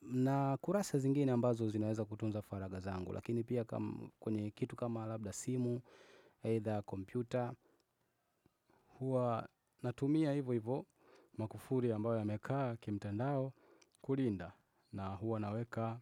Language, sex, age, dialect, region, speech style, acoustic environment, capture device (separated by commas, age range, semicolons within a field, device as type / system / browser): Swahili, male, 25-34, Tanzania - Dar es Salaam, Tanzania, focused, people talking, desktop / Windows / Chrome